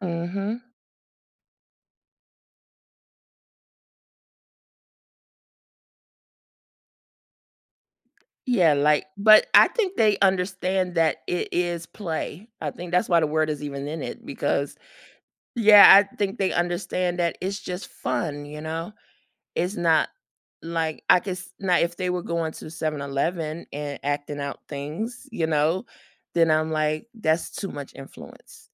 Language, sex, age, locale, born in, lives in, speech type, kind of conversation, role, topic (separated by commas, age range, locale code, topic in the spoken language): English, female, 45-49, en-US, United States, United States, conversation, podcast, guest, How do movies shape the way we see ourselves and the world around us?